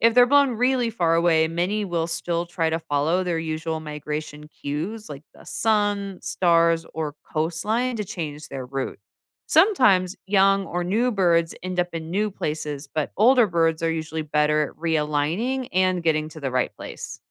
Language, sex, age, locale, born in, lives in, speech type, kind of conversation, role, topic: English, female, 40-44, en-US, United States, United States, read, expert-assistant, expert, How do birds navigate during migration?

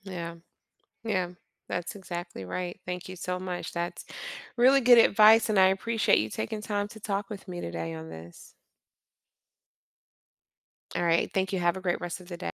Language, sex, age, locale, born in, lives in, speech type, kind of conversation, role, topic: English, female, 45-49, en-US, United States, United States, conversation, podcast, host, What are effective ways to create a healthy balance between technology use and real-life activities?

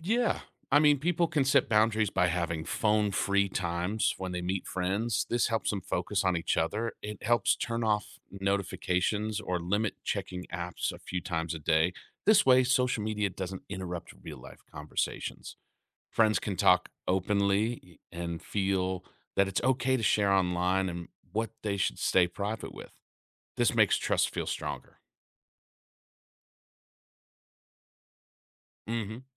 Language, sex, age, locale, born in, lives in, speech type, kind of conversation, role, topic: English, male, 35-39, en-US, United States, United States, read, expert-assistant, expert, How does social media affect personal relationships?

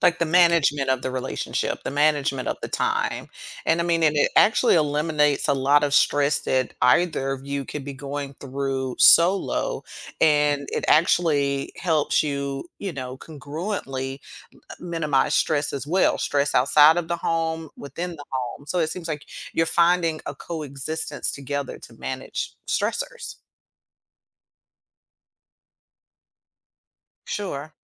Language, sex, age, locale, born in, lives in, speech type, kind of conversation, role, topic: English, female, 45-49, en-US, United States, United States, conversation, podcast, host, What everyday routines or practices have made the biggest difference in how you handle stress?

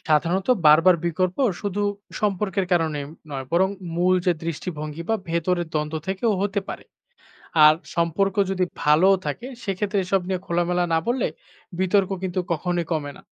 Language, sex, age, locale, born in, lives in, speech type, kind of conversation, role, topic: Bengali, male, 25-29, bn-BD, Bangladesh, Bangladesh, read, expert-assistant, expert, কী কারণে কিছু সম্প্রচার মানুষের কাছে খুব গ্রহণযোগ্য হয়, আর কিছু বিতর্ক তৈরি করে?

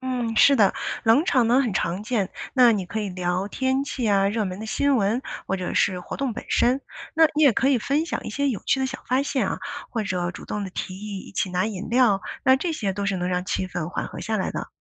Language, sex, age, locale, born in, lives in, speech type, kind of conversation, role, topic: Chinese, female, 40-44, zh-CN, China, United States, read, expert-assistant, expert, 如何在新环境里结交朋友，让社交变得更轻松？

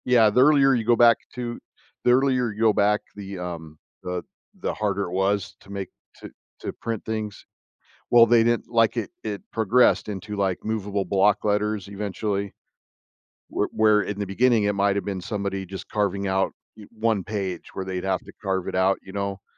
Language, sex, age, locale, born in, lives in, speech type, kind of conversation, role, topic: English, male, 50-54, en-US, United States, United States, conversation, podcast, guest, How did the printing press change the way people shared and accessed information?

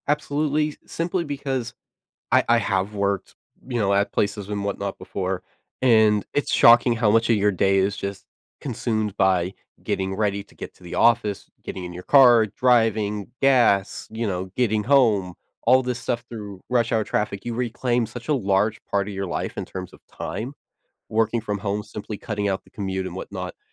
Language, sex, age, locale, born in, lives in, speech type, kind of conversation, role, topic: English, male, 20-24, en-US, United States, United States, conversation, podcast, guest, How is remote work changing the way we balance our jobs and personal lives?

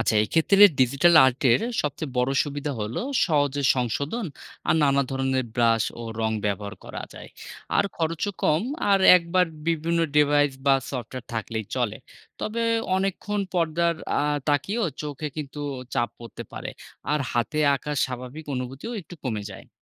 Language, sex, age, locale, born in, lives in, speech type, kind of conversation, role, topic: Bengali, male, 30-34, bn-BD, Bangladesh, Bangladesh, read, expert-assistant, expert, ডিজিটাল আর্টস ব্যবহার করার সুবিধা ও অসুবিধাগুলো কী? বিশেষ করে ঐতিহ্যবাহী শিল্পকলার তুলনায় এর কী কী লাভ-ক্ষতি রয়েছে?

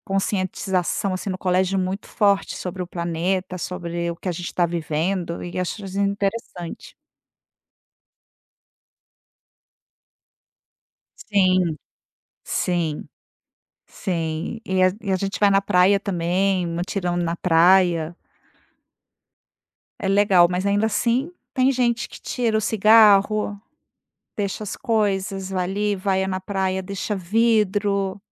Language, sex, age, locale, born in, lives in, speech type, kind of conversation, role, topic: Portuguese, female, 50-54, pt-BR, Brazil, Spain, conversation, podcast, guest, Como ensinar as crianças a amar e a respeitar o meio ambiente?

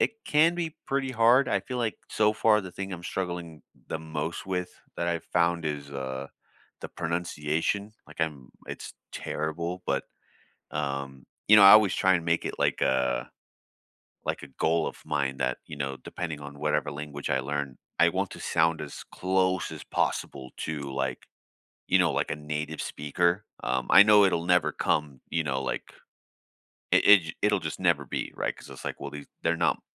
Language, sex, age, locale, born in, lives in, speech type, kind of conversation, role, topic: English, male, 30-34, en-US, United States, United States, conversation, unstructured, none, What skill are you casually learning these days, and how are you fitting practice into your routine?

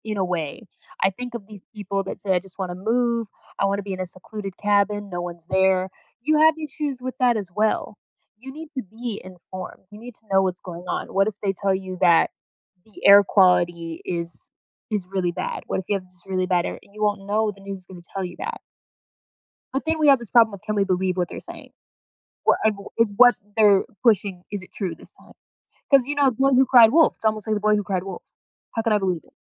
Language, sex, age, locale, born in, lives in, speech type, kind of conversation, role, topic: English, female, 25-29, en-US, United States, United States, conversation, podcast, guest, How can we recognize and understand bias in the news we consume?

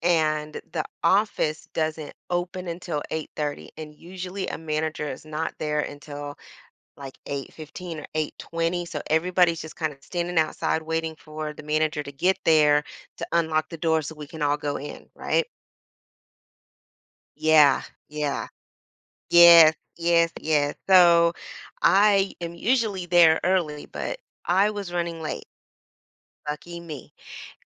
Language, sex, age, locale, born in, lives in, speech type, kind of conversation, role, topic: English, female, 45-49, en-US, United States, United States, conversation, advice, user, How can I prevent tripping in public and handle the embarrassment if it happens?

